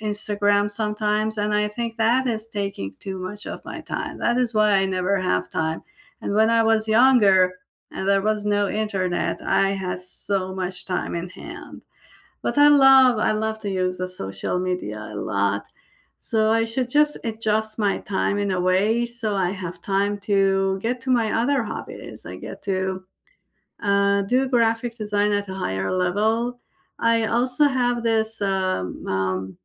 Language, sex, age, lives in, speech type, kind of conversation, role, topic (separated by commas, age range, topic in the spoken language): English, female, 45-49, United States, conversation, unstructured, none, What could you cut or simplify in your personal life to make space for what you most want to pursue next?